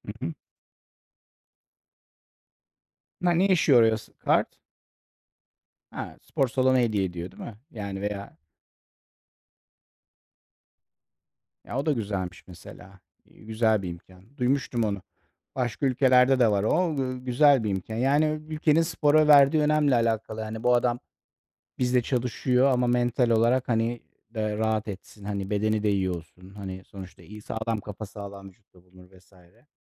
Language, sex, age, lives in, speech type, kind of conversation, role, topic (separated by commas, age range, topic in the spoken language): Turkish, male, 40-44, Netherlands, conversation, unstructured, none, Spor salonlarını pahalı bulanlar haklı mı?